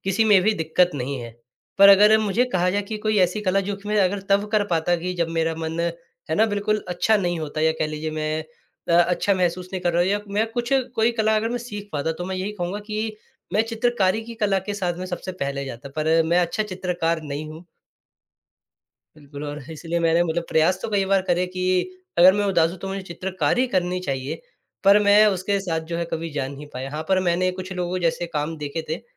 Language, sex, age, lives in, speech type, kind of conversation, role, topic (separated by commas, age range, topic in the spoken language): Hindi, male, 20-24, India, conversation, podcast, guest, आप अपनी भावनाओं को कला में कैसे बदलते हैं?